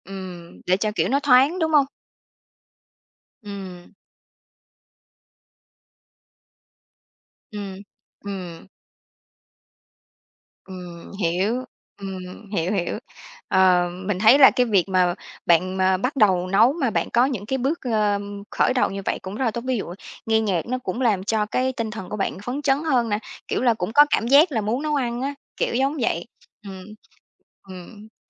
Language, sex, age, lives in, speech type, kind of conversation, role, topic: Vietnamese, female, 30-34, Vietnam, conversation, podcast, host, Bạn có bí quyết nào để nấu ăn nhanh mà vẫn ngon và đủ chất cho người bận rộn không?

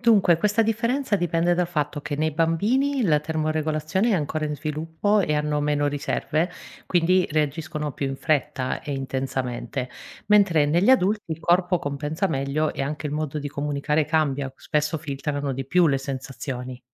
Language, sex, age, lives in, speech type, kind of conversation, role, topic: Italian, female, 40-44, Italy, read, expert-assistant, expert, Potresti darmi un esempio pratico di come il corpo mantiene la temperatura costante, anche quando ci sono sbalzi climatici improvvisi?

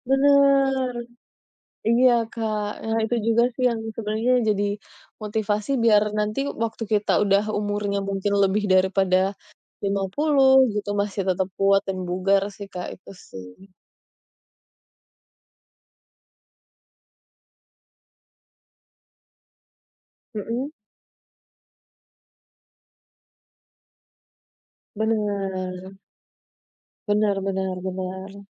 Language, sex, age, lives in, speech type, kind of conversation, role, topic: Indonesian, female, 30-34, Indonesia, conversation, unstructured, none, Apa yang biasanya membuat kamu termotivasi untuk berolahraga?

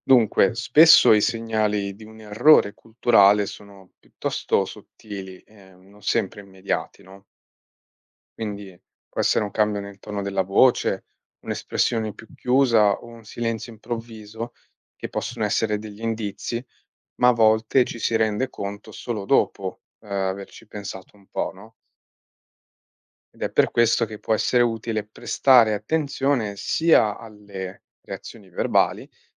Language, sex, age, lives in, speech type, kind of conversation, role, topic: Italian, male, 25-29, Italy, read, expert-assistant, expert, Come si costruiscono relazioni autentiche tra culture diverse?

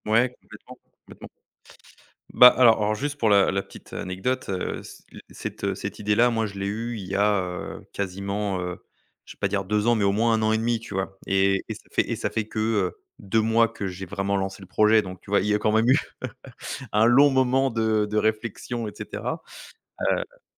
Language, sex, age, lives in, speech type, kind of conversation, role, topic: French, male, 30-34, France, conversation, advice, user, Envisagez-vous de reprendre des études ou de vous reconvertir professionnellement à l’âge adulte, et pour quelles raisons ?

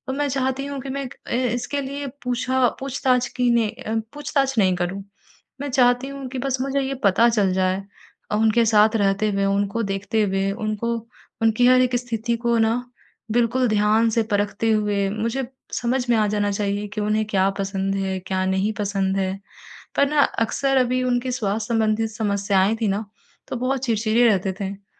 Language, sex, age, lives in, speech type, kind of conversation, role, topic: Hindi, female, 55-59, India, conversation, advice, user, मैं किसी के लिए यादगार और उपयोगी उपहार कैसे चुन सकता/सकती हूँ?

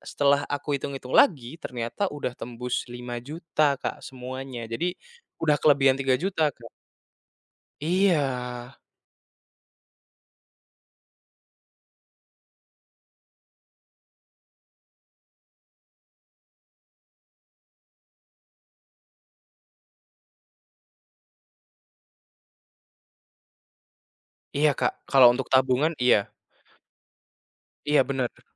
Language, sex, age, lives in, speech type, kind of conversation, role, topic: Indonesian, male, 20-24, Indonesia, conversation, advice, user, Bagaimana cara menahan dorongan sesaat untuk melakukan kebiasaan buruk saat muncul godaan?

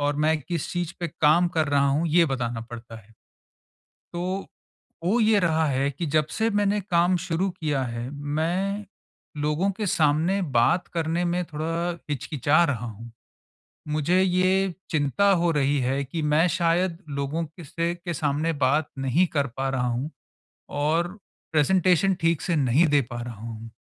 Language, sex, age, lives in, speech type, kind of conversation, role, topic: Hindi, male, 45-49, India, conversation, advice, user, मैं समूह में बिना घबराहट आत्मविश्वास से कैसे बोल सकता/सकती हूँ?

